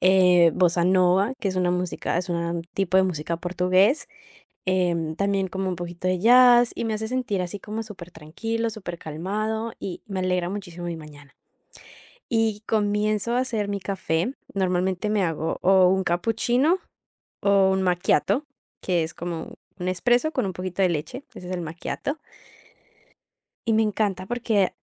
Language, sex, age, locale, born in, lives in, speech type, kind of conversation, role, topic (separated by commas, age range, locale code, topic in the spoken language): Spanish, female, 18-19, es-CO, Colombia, Italy, conversation, podcast, guest, ¿Tienes algún ritual con el café o el té por la mañana?